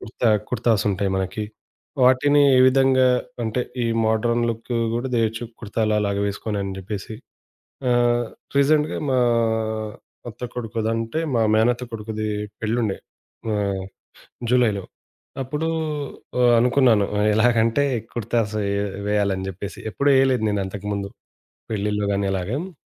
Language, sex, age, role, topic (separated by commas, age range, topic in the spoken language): Telugu, male, 25-29, guest, మీకు ఇష్టమైన ఫ్యాషన్‌ ఆదర్శం ఎవరు?